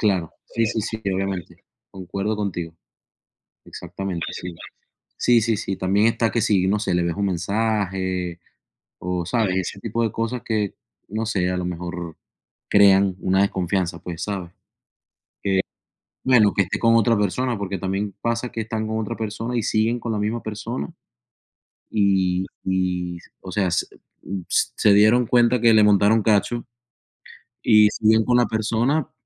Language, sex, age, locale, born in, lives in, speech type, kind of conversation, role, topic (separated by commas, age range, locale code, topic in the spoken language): Spanish, male, 30-34, es-VE, Venezuela, United States, conversation, unstructured, none, ¿Qué significa para ti la confianza en una pareja?